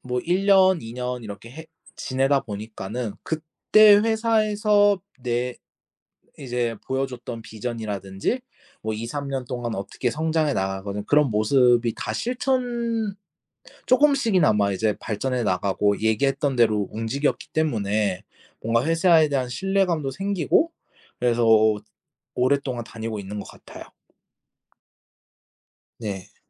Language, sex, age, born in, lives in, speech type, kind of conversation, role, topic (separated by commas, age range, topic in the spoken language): Korean, male, 25-29, South Korea, Japan, conversation, podcast, guest, 직장을 선택할 때 가장 중요하게 생각하는 기준은 무엇인가요?